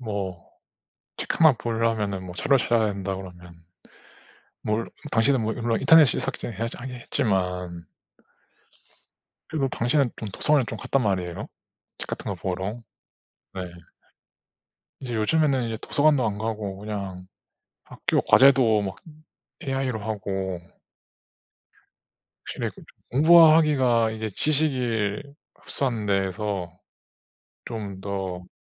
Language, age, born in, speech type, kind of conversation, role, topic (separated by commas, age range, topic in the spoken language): Korean, 30-34, South Korea, conversation, unstructured, none, 과학 덕분에 즐거움이나 행복을 느낀 순간이 있나요?